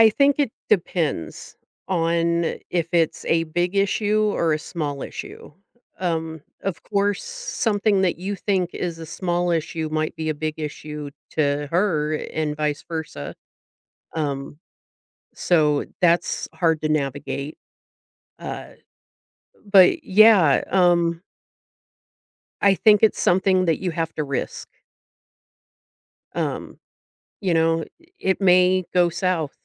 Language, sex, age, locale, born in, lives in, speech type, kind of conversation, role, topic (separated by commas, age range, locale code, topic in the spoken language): English, female, 45-49, en-US, United States, United States, conversation, advice, advisor, How can I tell someone what's bothering me without starting an argument?